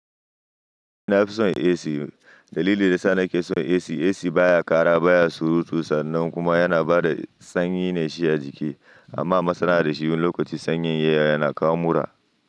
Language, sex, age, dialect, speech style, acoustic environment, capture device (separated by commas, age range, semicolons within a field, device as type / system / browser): Hausa, male, 25-34, Nigeria - Nigerian Standard, happy, silence; nature, mobile / Linux / Chrome